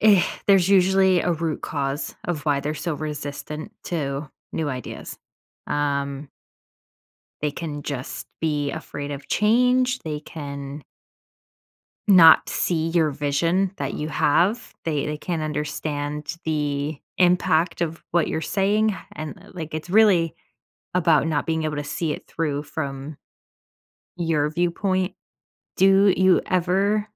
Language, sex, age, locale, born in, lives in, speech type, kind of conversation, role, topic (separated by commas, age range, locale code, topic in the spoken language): English, female, 30-34, en-US, United States, United States, conversation, advice, advisor, How can I stop feeling lonely when I'm surrounded by people?